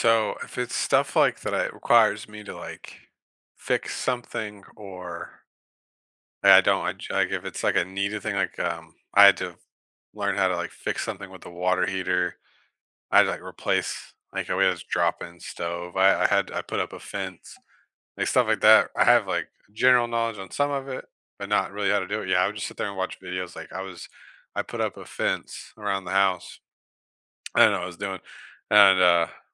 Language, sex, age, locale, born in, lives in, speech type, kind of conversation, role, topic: English, male, 30-34, en-US, United States, United States, conversation, unstructured, none, How do you actually like to learn new things?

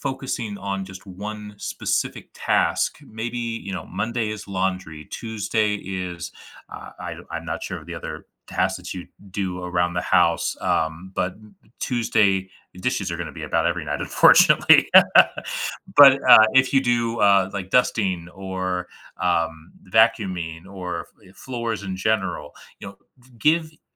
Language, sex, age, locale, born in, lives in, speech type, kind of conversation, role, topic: English, male, 35-39, en-US, United States, United States, conversation, advice, advisor, How can I manage daily responsibilities without feeling overwhelmed?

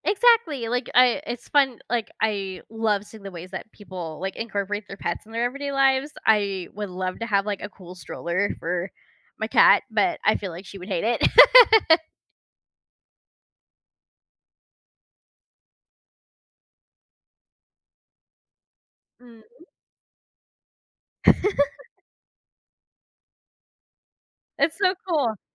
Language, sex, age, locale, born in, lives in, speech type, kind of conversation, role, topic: English, female, 30-34, en-US, United States, United States, conversation, unstructured, none, How do pets help people cope with stress or sadness?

